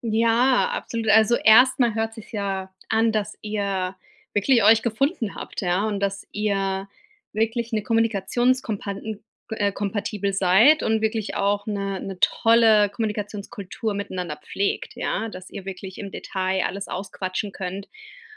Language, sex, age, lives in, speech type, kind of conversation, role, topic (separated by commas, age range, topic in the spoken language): German, female, 35-39, United States, conversation, advice, advisor, Wie können wir als Paar während eines Umzugs besser miteinander kommunizieren?